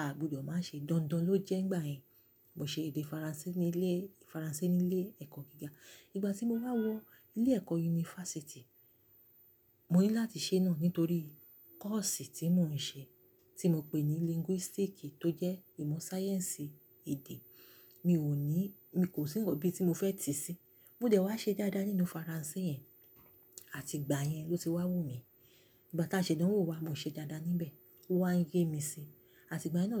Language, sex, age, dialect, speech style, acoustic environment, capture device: Yoruba, female, 35-44, Nigeria - Lagos Yoruba, excited; relaxed, silence, mobile / Linux / Chrome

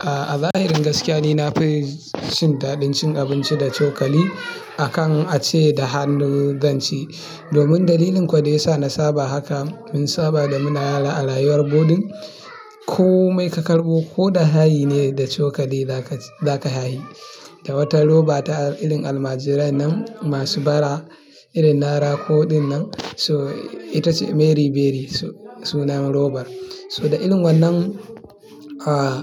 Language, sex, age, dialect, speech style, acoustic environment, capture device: Hausa, male, 25-34, Nigeria - Kano, relaxed; excited; happy, people talking, mobile / Linux / Chrome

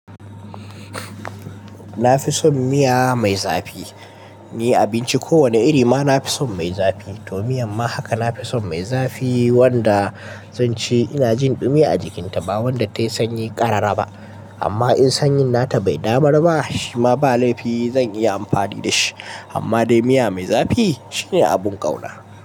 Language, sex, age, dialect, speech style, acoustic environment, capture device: Hausa, male, 18-24, Nigeria - Kano, nervous; excited; focused; stressed; relaxed; anxious; happy; tired, people talking; music; nature; silence; traffic; construction; appliances, mobile / Linux / Chrome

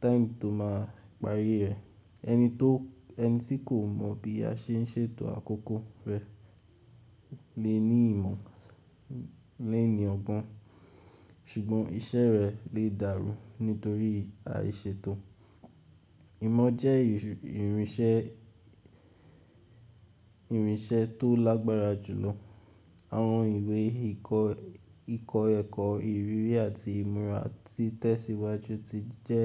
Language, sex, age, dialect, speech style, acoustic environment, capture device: Yoruba, male, 18-24, Nigeria - Ibadan, relaxed, nature, mobile / Linux / Chrome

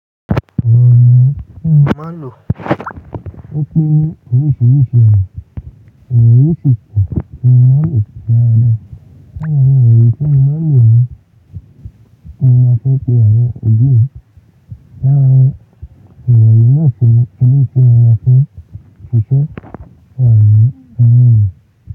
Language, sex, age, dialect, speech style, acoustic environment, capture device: Yoruba, male, 18-24, Nigeria - Lagos Yoruba, focused, people talking; music; appliances; construction; traffic, mobile / Linux / Chrome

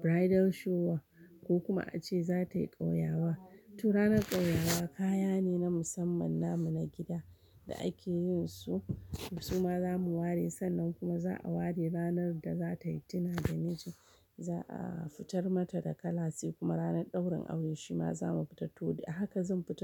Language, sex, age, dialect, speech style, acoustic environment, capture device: Hausa, female, 35-44, Nigeria - Kano, happy, people talking, mobile / Linux / Chrome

